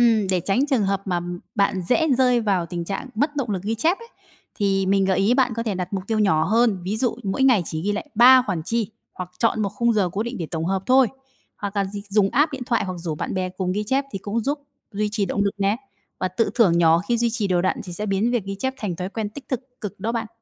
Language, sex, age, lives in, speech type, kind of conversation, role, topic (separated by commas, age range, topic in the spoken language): Vietnamese, female, 30-34, Vietnam, read, expert-assistant, expert, Các mô hình phân bổ ngân sách cá nhân như 50/30/20, Kakeibo, Envelope System hoạt động ra sao và nên chọn mô hình nào?